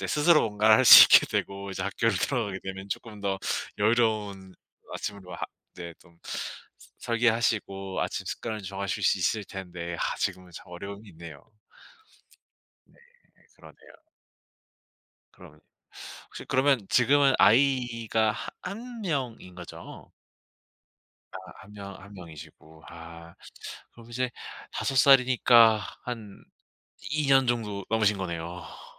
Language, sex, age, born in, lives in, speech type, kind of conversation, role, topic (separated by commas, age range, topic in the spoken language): Korean, male, 25-29, South Korea, South Korea, conversation, advice, advisor, 간단하고 지속 가능한 아침 습관을 어떻게 설계하고 꾸준히 유지할 수 있을까요?